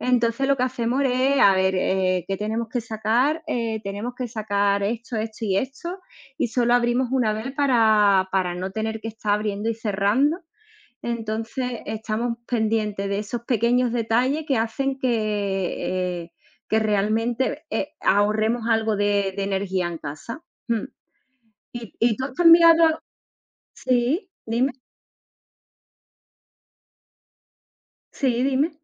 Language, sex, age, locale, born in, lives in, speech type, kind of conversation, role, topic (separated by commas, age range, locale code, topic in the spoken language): Spanish, female, 40-44, es-ES, Spain, Spain, conversation, unstructured, none, ¿Qué harías para ahorrar energía en tu hogar?